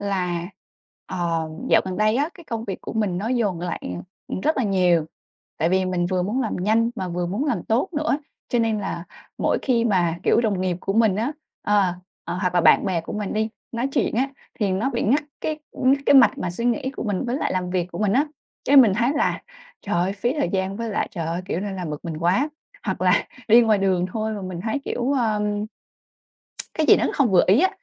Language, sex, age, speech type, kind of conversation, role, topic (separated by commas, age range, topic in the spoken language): Vietnamese, female, 25-29, conversation, advice, user, Làm sao để giữ bình tĩnh khi nghe tin tức về tình hình thế giới?